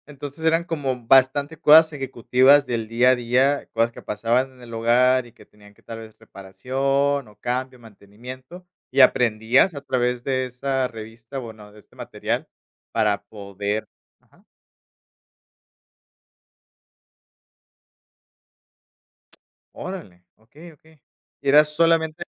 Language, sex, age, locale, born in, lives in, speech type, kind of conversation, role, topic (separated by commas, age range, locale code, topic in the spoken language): Spanish, male, 30-34, es-MX, Mexico, Mexico, conversation, podcast, host, ¿Qué papel juega la nostalgia en nuestras decisiones de entretenimiento?